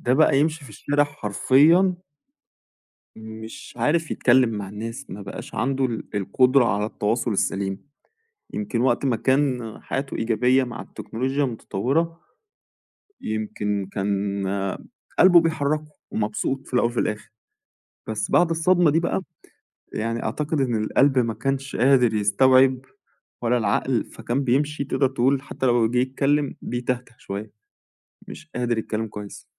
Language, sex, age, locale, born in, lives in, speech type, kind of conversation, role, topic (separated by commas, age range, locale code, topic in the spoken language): Arabic, male, 30-34, ar-EG, Egypt, Egypt, conversation, unstructured, none, إيه رأيك في تأثير التكنولوجيا على تواصل الناس مع بعض؟